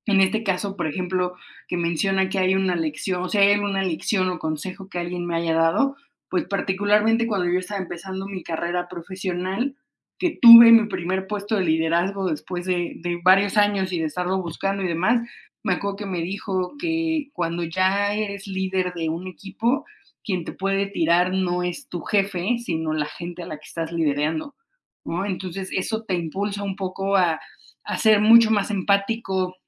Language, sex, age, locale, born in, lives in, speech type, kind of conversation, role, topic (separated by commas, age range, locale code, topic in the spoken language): Spanish, female, 30-34, es-MX, Mexico, Mexico, conversation, unstructured, none, ¿Recuerdas a algún maestro que te haya inspirado mucho?